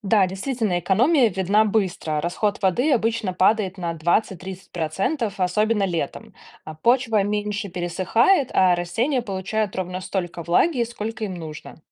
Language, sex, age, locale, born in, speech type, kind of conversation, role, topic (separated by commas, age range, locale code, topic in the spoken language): Russian, female, 30-34, ru-RU, Belarus, read, expert-assistant, expert, Как мне научиться использовать современные технологии в сельском хозяйстве, чтобы идти в ногу со временем?